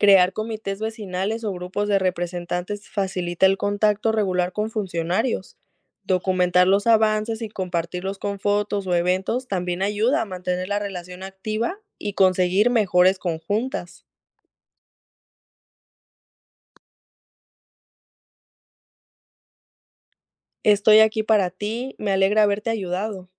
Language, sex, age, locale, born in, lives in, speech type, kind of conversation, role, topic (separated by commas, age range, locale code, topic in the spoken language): Spanish, female, 20-24, es-MX, Mexico, Mexico, read, expert-assistant, expert, ¿Qué aspectos de la planificación urbana son más urgentes de abordar en una ciudad latinoamericana: movilidad, espacios verdes, vivienda o seguridad?